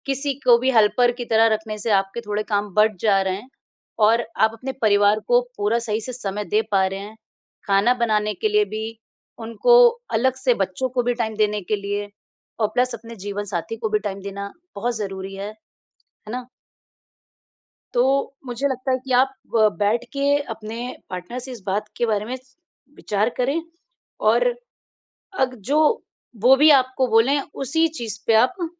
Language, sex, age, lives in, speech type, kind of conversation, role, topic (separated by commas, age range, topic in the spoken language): Hindi, female, 35-39, India, conversation, advice, advisor, खाने की योजना बनाने के लिए समय न मिलने पर आप दोषबोध से कैसे निपटते हैं?